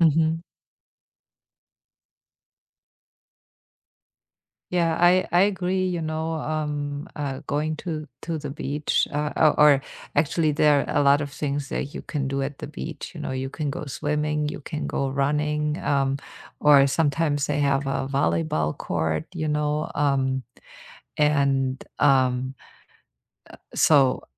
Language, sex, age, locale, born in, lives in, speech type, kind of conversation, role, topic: English, female, 50-54, en-DE, Germany, United States, conversation, unstructured, none, How do you stay motivated to keep exercising regularly?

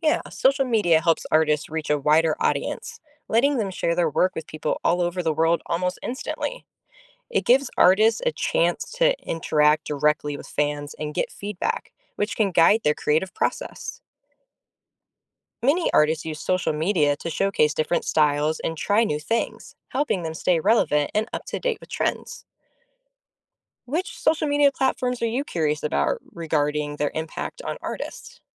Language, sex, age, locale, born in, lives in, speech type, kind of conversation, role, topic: English, female, 30-34, en-US, United States, United States, read, expert-assistant, expert, How does art reflect and influence society?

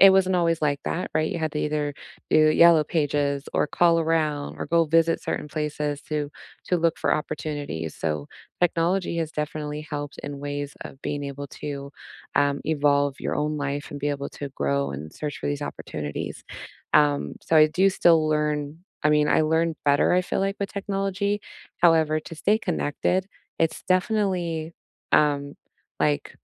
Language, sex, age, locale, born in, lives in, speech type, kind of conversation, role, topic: English, female, 30-34, en-US, United States, United States, conversation, unstructured, none, How has technology changed the way you learn, focus, and connect?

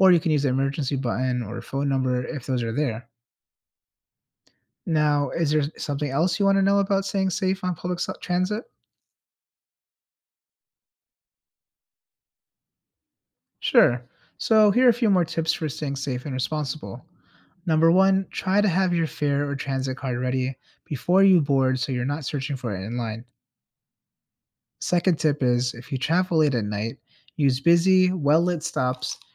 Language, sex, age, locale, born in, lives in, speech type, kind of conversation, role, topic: English, male, 30-34, en-US, United States, United States, read, expert-assistant, expert, How can you safely and responsibly use public transportation?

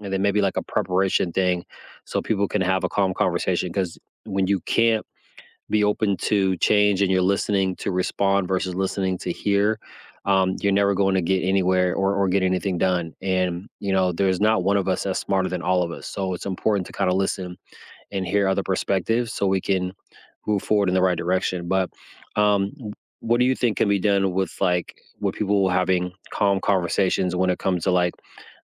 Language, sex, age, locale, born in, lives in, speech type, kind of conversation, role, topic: English, male, 35-39, en-US, United States, United States, conversation, unstructured, none, Why do people get angry when their beliefs are challenged?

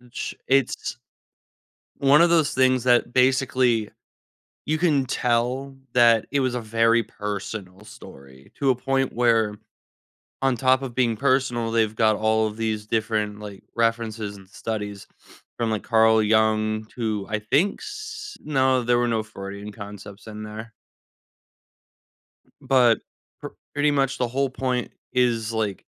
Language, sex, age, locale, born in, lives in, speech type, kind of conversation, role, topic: English, male, 20-24, en-US, United States, United States, conversation, podcast, guest, How does art help us understand different perspectives and experiences?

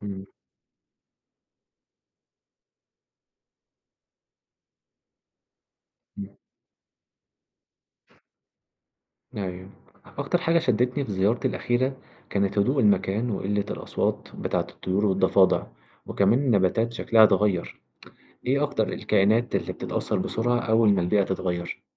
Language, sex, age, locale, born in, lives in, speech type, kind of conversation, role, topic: Arabic, male, 20-24, ar-EG, Egypt, Egypt, read, expert-assistant, asker, إزاي وجود الإنسان وتدخله في الطبيعة بيغير من شكل وتنوع الكائنات الحية حوالينا؟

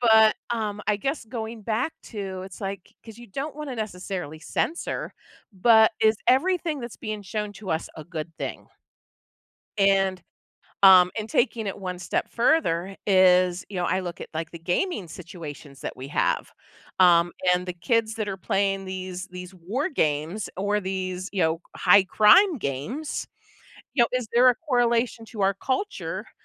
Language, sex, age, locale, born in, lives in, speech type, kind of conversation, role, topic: English, female, 45-49, en-US, United States, United States, conversation, podcast, guest, What makes certain TV shows resonate so strongly with audiences and shape popular culture?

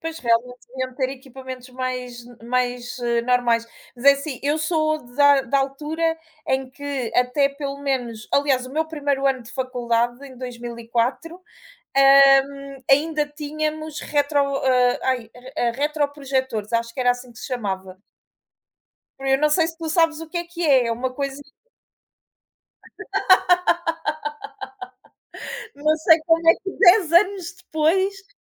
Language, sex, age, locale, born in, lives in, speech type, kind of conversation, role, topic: Portuguese, female, 35-39, pt-PT, Portugal, Portugal, conversation, unstructured, none, O que mais te incomoda na forma como aprendemos hoje?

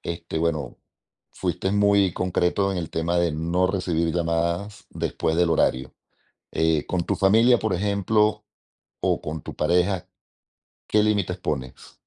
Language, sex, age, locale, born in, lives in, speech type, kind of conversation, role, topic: Spanish, male, 45-49, es-VE, Venezuela, Spain, conversation, podcast, host, ¿Cómo estableces límites sin sentirte egoísta?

